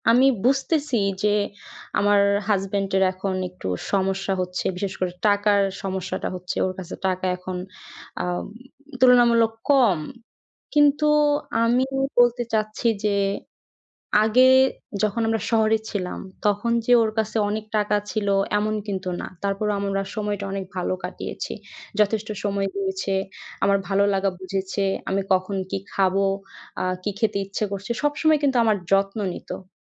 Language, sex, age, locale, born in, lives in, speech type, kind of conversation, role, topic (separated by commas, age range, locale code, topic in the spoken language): Bengali, female, 20-24, bn-BD, Bangladesh, Bangladesh, conversation, advice, user, চাপের মুহূর্তে আমি কীভাবে শান্ত থাকতে পারি এবং রাগ নিয়ন্ত্রণ করতে পারি?